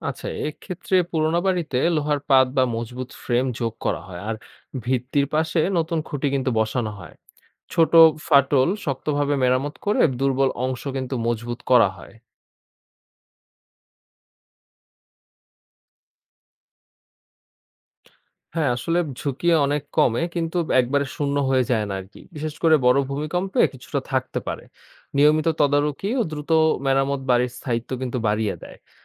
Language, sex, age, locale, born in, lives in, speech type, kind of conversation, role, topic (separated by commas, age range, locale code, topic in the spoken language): Bengali, male, 20-24, bn-BD, Bangladesh, Bangladesh, read, expert-assistant, expert, নতুন বাড়ি বানানোর সময় কোন কোন ভূতাত্ত্বিক বিষয় আগে ভাবা উচিত, এবং এগুলো কীভাবে ঠিকমতো পরিকল্পনায় রাখা যায়?